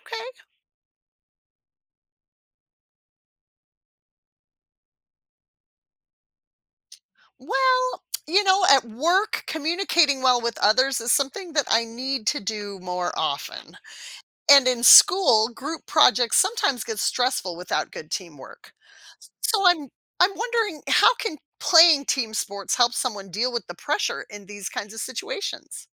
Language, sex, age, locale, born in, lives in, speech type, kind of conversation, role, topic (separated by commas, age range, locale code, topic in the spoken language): English, female, 50-54, en-US, United States, United States, read, expert-assistant, asker, What skills can you develop by participating in team sports?